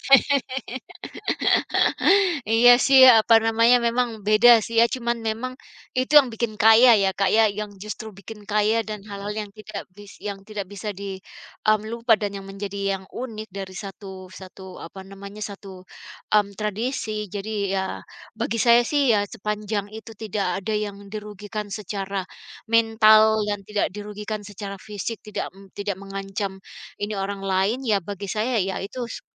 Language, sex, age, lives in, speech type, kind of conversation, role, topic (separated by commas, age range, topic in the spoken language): Indonesian, female, 45-49, United States, conversation, unstructured, none, Apa kenangan paling berharga dari acara di kampungmu?